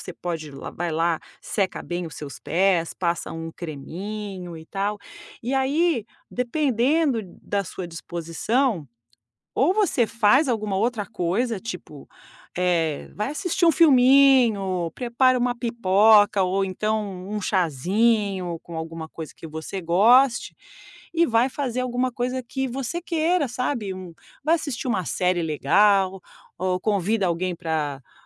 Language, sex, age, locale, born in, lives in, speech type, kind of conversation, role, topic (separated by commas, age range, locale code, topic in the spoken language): Portuguese, female, 50-54, pt-BR, Brazil, United States, conversation, podcast, guest, Que hobby ajuda você a desestressar depois de um dia ruim?